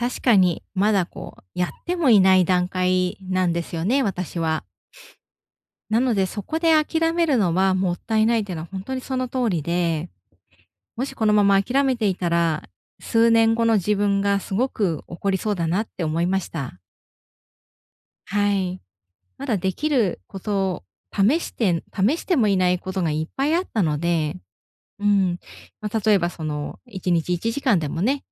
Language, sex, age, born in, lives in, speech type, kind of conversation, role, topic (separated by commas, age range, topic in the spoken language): Japanese, female, 35-39, Japan, Japan, conversation, advice, user, 叶わなかった夢や未達成の計画を、どのように手放せばよいですか？